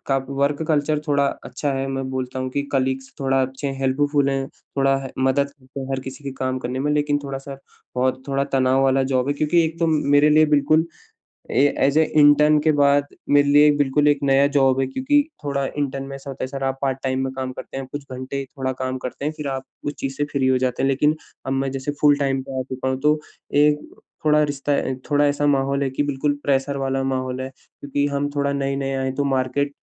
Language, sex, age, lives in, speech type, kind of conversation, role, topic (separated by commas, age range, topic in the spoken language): Hindi, male, 25-29, India, conversation, advice, user, मैं अपनी सैलरी बढ़ाने या प्रमोशन माँगने में जो डर और झिझक महसूस करता/करती हूँ, उसे कैसे दूर करूँ?